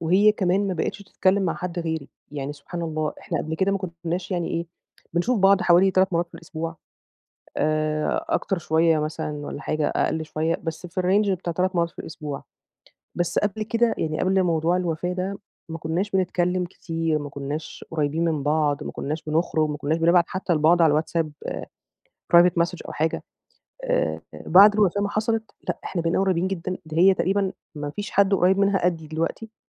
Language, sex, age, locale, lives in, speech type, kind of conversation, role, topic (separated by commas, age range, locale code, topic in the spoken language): Arabic, female, 30-34, ar-EG, Egypt, conversation, advice, user, إزاي أساعد حد بيعدّي بخسارة كبيرة وبيحس بحزن شديد؟